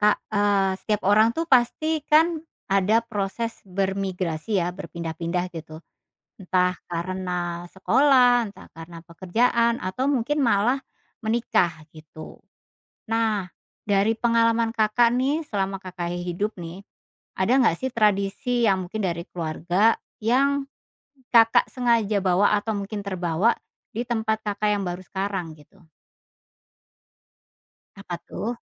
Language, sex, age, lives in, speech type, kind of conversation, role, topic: Indonesian, female, 40-44, Indonesia, conversation, podcast, host, Bagaimana pengalamanmu merayakan tradisi lama di tempat baru?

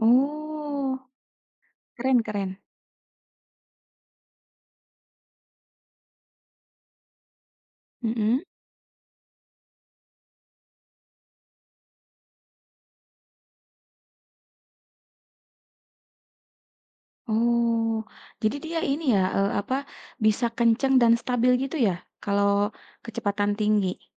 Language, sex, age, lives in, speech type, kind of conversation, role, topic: Indonesian, female, 35-39, Indonesia, conversation, podcast, host, Hobi apa yang paling kamu suka saat ini?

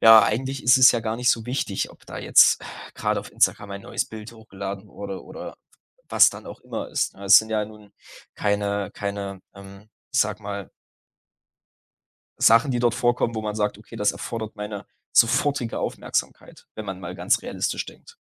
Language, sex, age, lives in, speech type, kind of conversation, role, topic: German, male, 20-24, Germany, conversation, advice, user, Wie möchtest du deine Bildschirmzeit nach Feierabend deutlich reduzieren?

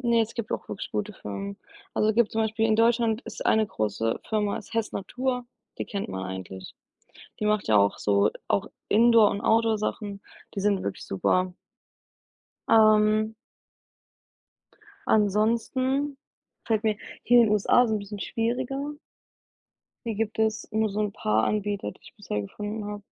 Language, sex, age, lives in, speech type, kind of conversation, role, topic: German, female, 25-29, United States, conversation, unstructured, none, Findest du, dass Unternehmen mehr Verantwortung für die Natur übernehmen sollten?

